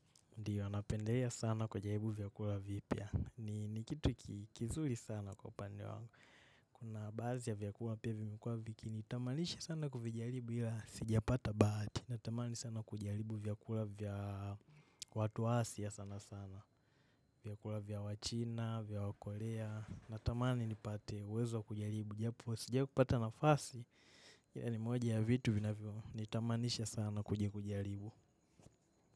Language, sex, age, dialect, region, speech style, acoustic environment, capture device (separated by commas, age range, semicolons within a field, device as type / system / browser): Swahili, male, 18-24, Kenya - Nairobi Sheng-influenced, Kenya, happy, nature, mobile / macOS / Safari